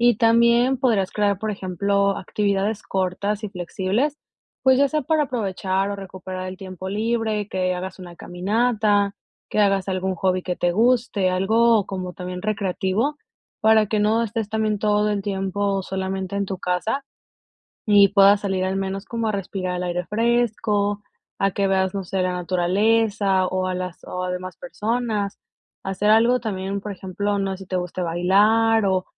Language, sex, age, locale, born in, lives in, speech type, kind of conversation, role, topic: Spanish, female, 25-29, es-MX, Mexico, United States, conversation, advice, advisor, ¿Cómo puedo evitar que las interrupciones arruinen mi tiempo libre?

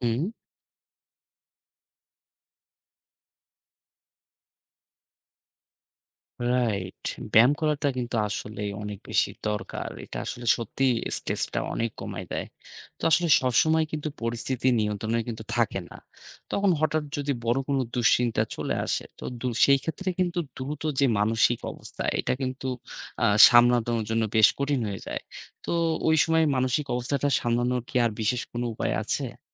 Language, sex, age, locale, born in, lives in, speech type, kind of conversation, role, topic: Bengali, male, 30-34, bn-BD, Bangladesh, Bangladesh, read, expert-assistant, asker, আমি মাঝে মাঝে প্রার্থনায় মন বসাতে পারি না—এর পেছনের কারণ কী হতে পারে?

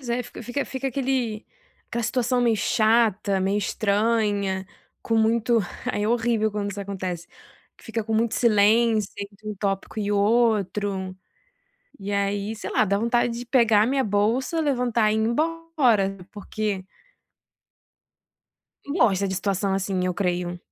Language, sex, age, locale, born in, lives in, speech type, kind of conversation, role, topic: Portuguese, female, 25-29, pt-BR, Brazil, France, conversation, advice, user, Por que tenho dificuldade em manter conversas significativas com pessoas novas?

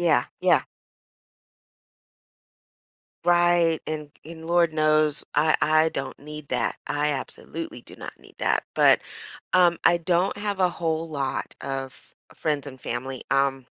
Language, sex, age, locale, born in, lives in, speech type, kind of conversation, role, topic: English, female, 45-49, en-US, United States, United States, conversation, advice, user, How can I better balance my work and personal life?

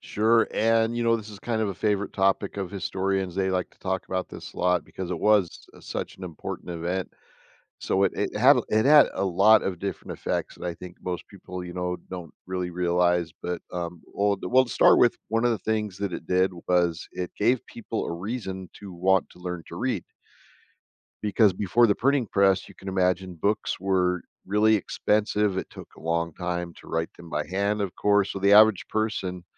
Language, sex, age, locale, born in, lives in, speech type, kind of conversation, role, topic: English, male, 55-59, en-US, United States, United States, conversation, podcast, guest, How did making information more accessible with the printing press shape society and culture?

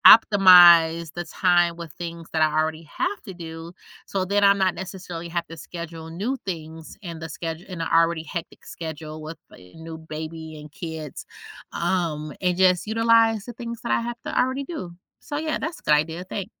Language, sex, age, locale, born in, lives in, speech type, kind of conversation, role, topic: English, female, 35-39, en-US, United States, United States, conversation, advice, user, How do I prepare emotionally and practically for the first weeks after our baby arrives?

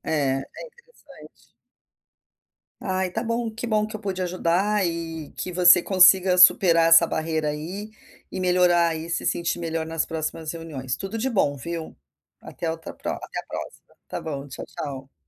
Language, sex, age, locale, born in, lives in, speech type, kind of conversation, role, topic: Portuguese, female, 55-59, pt-BR, Brazil, United States, conversation, advice, advisor, Como posso participar de festas quando não me sinto à vontade e não sei como me enturmar?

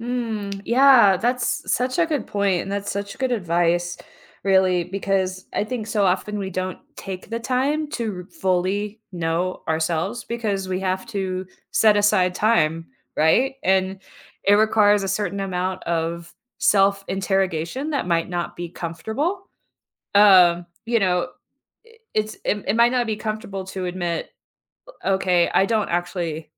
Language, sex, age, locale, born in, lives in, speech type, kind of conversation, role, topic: English, female, 40-44, en-US, United States, United States, conversation, podcast, host, In what ways does expressing yourself contribute to personal growth and confidence?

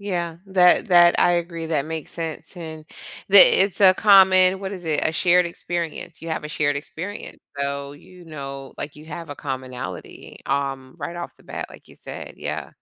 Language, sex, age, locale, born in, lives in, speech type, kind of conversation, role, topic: English, female, 45-49, en-US, United States, United States, conversation, podcast, guest, How can we create a more welcoming environment for everyone in our daily lives?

